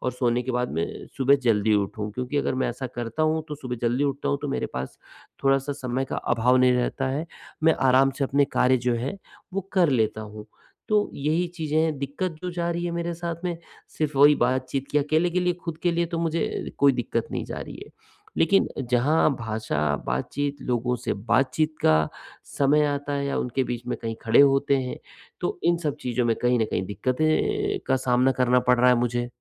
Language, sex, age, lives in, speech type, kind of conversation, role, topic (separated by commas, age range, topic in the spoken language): Hindi, male, 45-49, India, conversation, advice, user, नए शहर में भाषा और बातचीत की सूक्ष्मताएँ मैं कैसे समझूँ और अपनाऊँ?